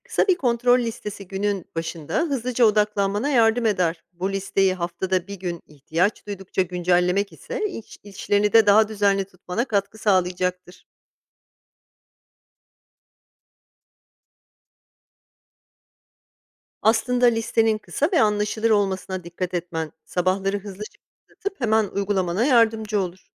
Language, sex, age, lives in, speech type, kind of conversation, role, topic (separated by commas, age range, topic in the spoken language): Turkish, female, 40-44, United States, read, expert-assistant, expert, Problem çözme yeteneği nasıl geliştirilir?